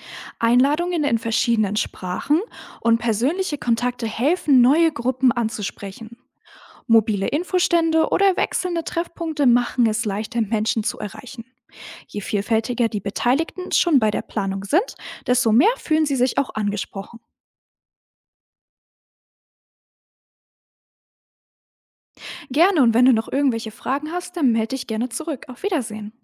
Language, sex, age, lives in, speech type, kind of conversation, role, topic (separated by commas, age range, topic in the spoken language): German, female, 18-19, Germany, read, expert-assistant, expert, Bei Hilfsprojekten: Ist es besser, Hilfe von außen zu holen oder die Gemeinschaft selbst entscheiden zu lassen, was gebraucht wird?